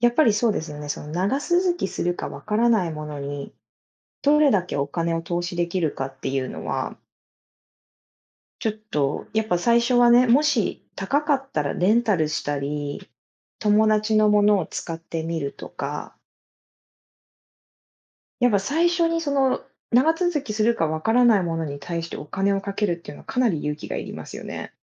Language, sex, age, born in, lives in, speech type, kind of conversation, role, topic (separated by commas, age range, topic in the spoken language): Japanese, female, 30-34, Japan, United States, conversation, unstructured, none, 趣味を始めるとき、何を重視しますか？